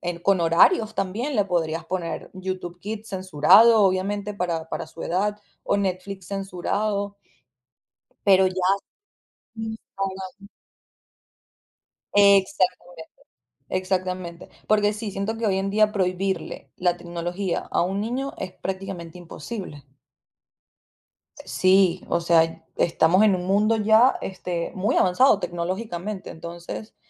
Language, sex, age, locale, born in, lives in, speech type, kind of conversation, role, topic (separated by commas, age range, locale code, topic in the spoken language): Spanish, female, 25-29, es-VE, Venezuela, United States, conversation, podcast, guest, ¿Qué piensas sobre las redes sociales hoy en día?